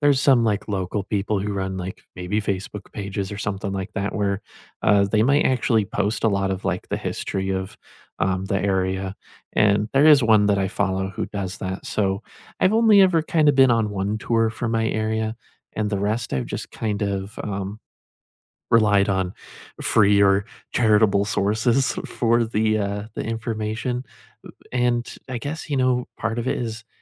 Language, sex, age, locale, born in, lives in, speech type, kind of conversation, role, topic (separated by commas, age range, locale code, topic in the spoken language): English, male, 20-24, en-US, United States, United States, conversation, unstructured, none, What was the most surprising thing you learned on a local tour, and how did it change your view?